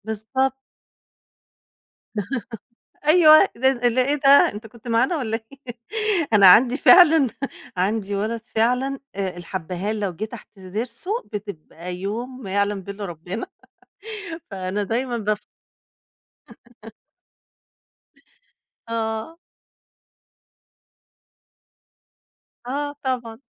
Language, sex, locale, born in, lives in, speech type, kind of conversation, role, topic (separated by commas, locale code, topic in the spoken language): Arabic, female, ar-EG, Egypt, Egypt, conversation, podcast, guest, إزاي مشاركة الأكل بتقوّي الروابط بين الناس؟